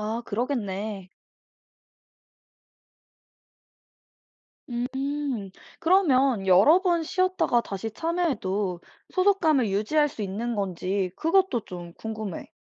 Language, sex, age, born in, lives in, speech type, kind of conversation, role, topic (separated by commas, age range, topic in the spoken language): Korean, female, 25-29, South Korea, United States, read, expert-assistant, asker, 수집 취미를 혼자 즐기는 것과 동호회 활동에 참여하는 것, 어떤 방식이 더 만족도를 높일까요?